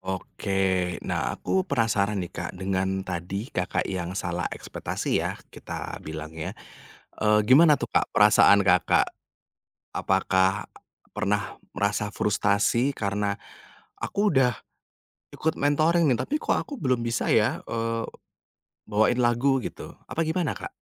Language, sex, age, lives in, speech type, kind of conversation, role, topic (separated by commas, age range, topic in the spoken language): Indonesian, male, 30-34, Indonesia, conversation, podcast, host, Bagaimana cara menjadi mentee yang proaktif?